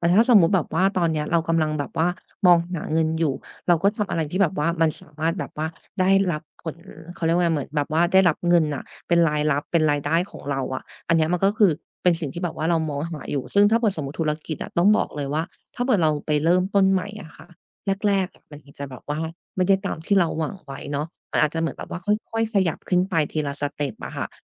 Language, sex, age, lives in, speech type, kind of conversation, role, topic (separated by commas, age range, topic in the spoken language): Thai, female, 30-34, Thailand, conversation, advice, advisor, ฉันจะลดความลังเลและสร้างความมั่นใจเพื่อลงมือทำต่อไปได้อย่างไร?